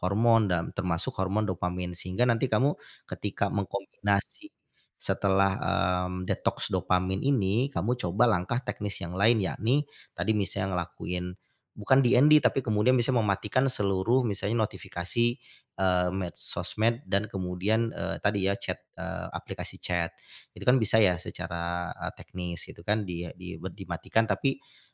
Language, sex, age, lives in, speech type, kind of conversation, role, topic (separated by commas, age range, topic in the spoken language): Indonesian, male, 40-44, Indonesia, conversation, advice, advisor, Bagaimana ponsel dan notifikasi sering mengganggu alur kerja Anda?